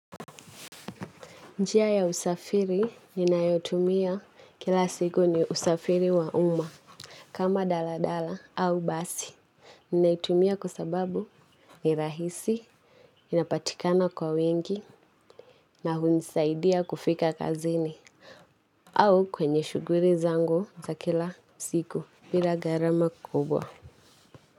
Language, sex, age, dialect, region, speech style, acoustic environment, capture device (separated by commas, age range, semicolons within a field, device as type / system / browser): Swahili, female, 18-24, Kenya - Nairobi Sheng-influenced, Kenya, anxious; excited; nervous, people talking; nature, mobile / Linux / Chrome